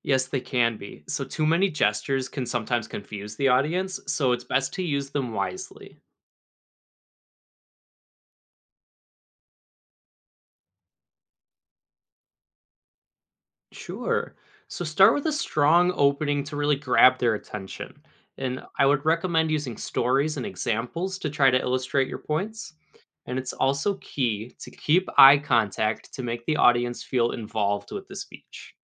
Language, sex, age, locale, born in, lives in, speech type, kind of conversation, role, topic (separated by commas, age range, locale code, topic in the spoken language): English, male, 20-24, en-US, United States, United States, read, expert-assistant, expert, How can public speaking skills be improved?